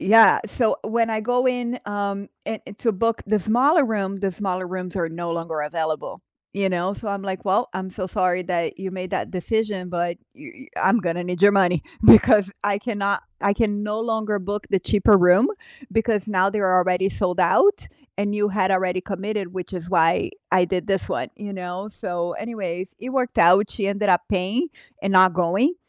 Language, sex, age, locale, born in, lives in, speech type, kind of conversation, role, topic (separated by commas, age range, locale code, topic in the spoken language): English, female, 45-49, en-BR, Brazil, United States, conversation, unstructured, none, Why do people get annoyed when plans change at the last minute?